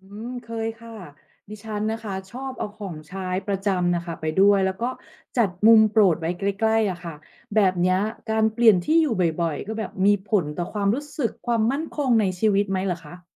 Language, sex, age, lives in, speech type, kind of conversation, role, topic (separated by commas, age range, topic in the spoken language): Thai, female, 45-49, Thailand, read, expert-assistant, asker, การเลือกที่อยู่อาศัยของคนไทยเหมือนเลือกที่นั่งในโรงหนังไหม?